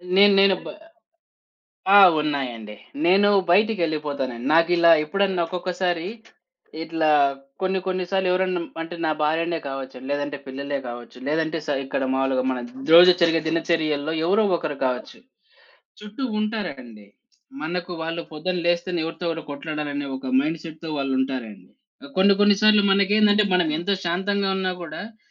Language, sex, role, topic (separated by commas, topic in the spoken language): Telugu, male, guest, సమస్య వచ్చినప్పుడు దాన్ని శాంతంగా ఎలా చెప్పగలము?